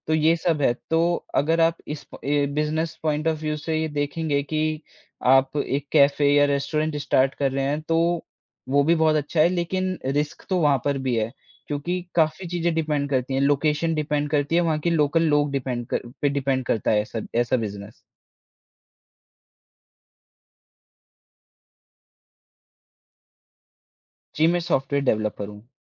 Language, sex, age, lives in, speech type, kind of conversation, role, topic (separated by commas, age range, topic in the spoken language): Hindi, male, 20-24, India, conversation, podcast, guest, Start-up join karna ya secure naukri choose karna—iss baare mein tumhari kya soch hai?